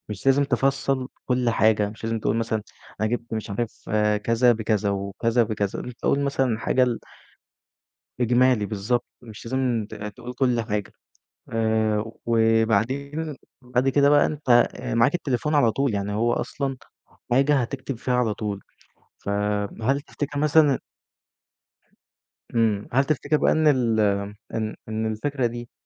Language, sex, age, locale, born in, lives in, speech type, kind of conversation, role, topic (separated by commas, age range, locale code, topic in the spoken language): Arabic, male, 20-24, ar-EG, Egypt, Egypt, conversation, advice, advisor, إزاي أبدأ أتابع مصاريفي وأنا مش عارف الفلوس بتروح فين كل شهر؟